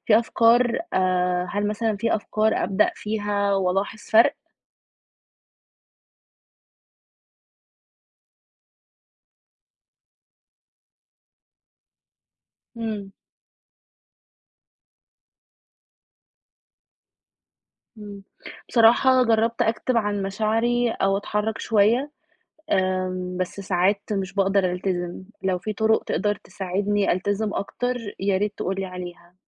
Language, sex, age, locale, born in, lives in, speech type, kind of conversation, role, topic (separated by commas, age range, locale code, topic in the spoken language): Arabic, female, 30-34, ar-EG, Egypt, Egypt, read, expert-assistant, asker, إزاي الجسم والعقل بيأثروا على بعض؟ يعني مثلاً لو الواحد متضايق أو فرحان، ده ممكن يغيّر في صحته إزاي؟